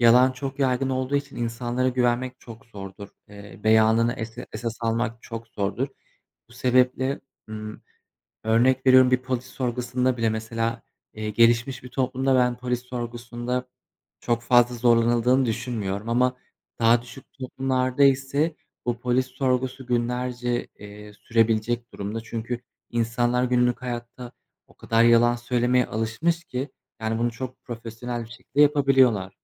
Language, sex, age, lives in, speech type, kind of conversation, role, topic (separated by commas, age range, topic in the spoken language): Turkish, male, 25-29, Poland, conversation, unstructured, none, Yalan söylemek her zaman yanlış mıdır?